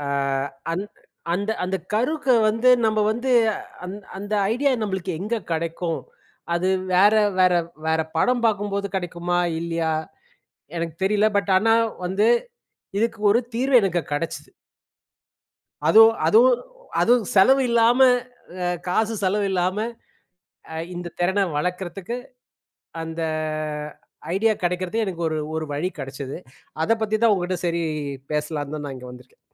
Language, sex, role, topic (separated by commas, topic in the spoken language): Tamil, male, guest, போதுமான வளங்கள் இல்லாமல் இந்தத் திறனை நீங்கள் எப்படி வளர்த்தீர்கள்?